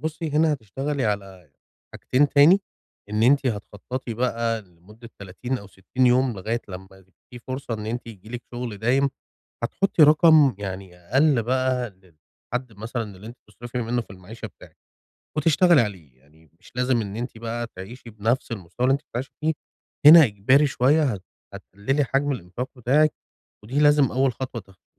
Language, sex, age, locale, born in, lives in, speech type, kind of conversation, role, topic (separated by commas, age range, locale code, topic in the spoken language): Arabic, male, 35-39, ar-EG, Egypt, Egypt, conversation, advice, advisor, إزاي أقدر أتكيف مع فقدان دخل مفاجئ وأضمن إني أغطي مصاريفي الشهرية؟